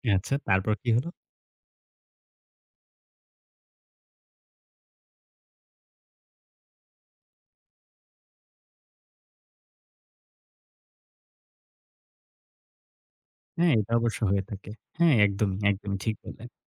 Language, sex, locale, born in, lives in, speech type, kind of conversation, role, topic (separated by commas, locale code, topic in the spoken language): Bengali, male, bn-BD, Bangladesh, Bangladesh, conversation, podcast, host, অতিরিক্ত কাজ বলতে কবে না বলবেন, তা কীভাবে ঠিক করেন?